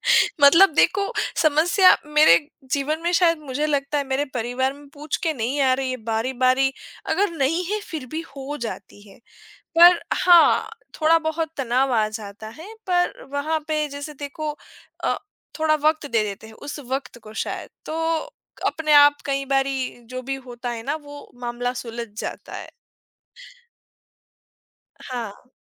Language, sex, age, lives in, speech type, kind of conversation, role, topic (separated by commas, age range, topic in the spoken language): Hindi, female, 25-29, India, conversation, podcast, guest, परिवार में भावनाएँ खुलकर कैसे बताई जा सकती हैं?